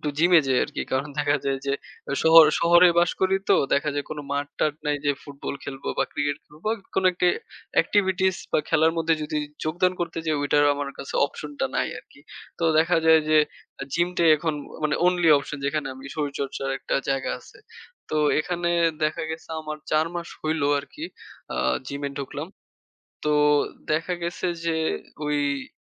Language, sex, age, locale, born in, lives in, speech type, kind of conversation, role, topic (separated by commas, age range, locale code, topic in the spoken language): Bengali, male, 20-24, bn-BD, Bangladesh, Bangladesh, conversation, unstructured, none, শরীর সুস্থ রাখার জন্য আপনি সবার আগে কী করেন?